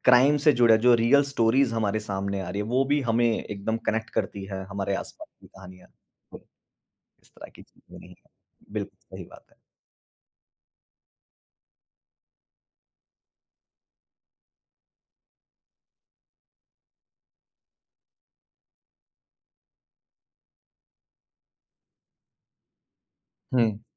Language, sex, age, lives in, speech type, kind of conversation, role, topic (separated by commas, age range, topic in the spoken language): Hindi, male, 35-39, India, conversation, podcast, host, किस बॉलीवुड फिल्म ने आपकी सोच का नज़रिया बदला और कैसे?